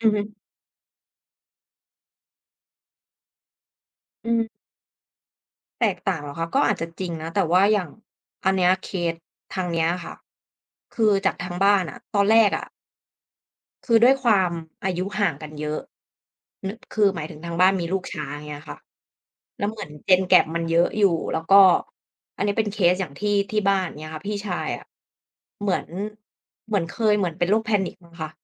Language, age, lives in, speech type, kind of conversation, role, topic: Thai, 30-34, Thailand, conversation, unstructured, none, คุณคิดว่าเพื่อนช่วยเยียวยาจิตใจเราได้มากแค่ไหน?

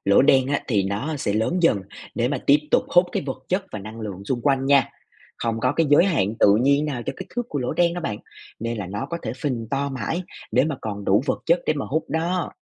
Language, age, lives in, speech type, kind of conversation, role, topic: Vietnamese, 25-29, Vietnam, read, expert-assistant, expert, Lỗ đen siêu lớn ảnh hưởng thế nào đến thiên hà và các ngôi sao xung quanh?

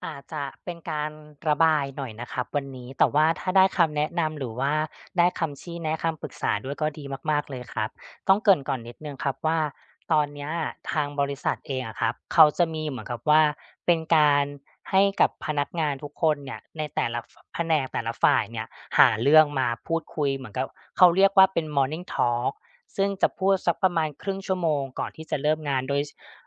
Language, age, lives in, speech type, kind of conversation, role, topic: Thai, 35-39, Thailand, conversation, advice, user, คุณรู้สึกตื่นเต้นและกลัวมากแค่ไหนก่อนจะพรีเซนต์งาน?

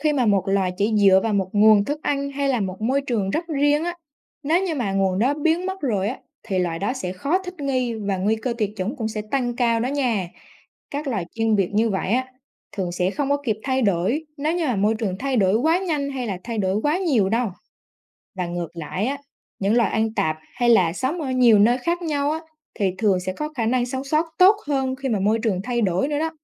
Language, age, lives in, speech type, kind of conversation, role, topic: Vietnamese, 20-24, Vietnam, read, expert-assistant, expert, Tại sao các loài động vật có hình dạng khác nhau?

